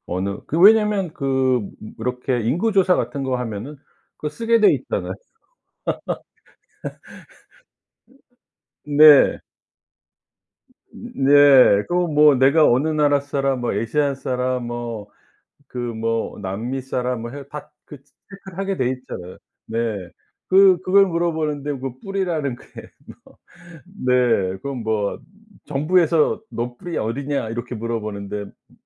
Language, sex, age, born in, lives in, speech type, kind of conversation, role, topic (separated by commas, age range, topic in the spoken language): Korean, male, 55-59, South Korea, United States, conversation, podcast, guest, 이곳에서 살면서 뿌리와 정체성이 어떻게 달라졌나요?